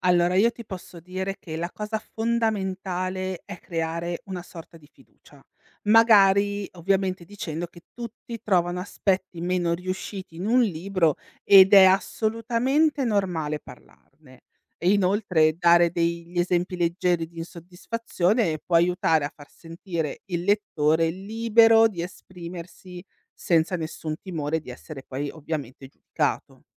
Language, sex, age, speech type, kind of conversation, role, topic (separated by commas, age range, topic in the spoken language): Italian, female, 35-39, read, expert-assistant, expert, Come capire davvero i desideri dei lettori per consigliare i libri giusti?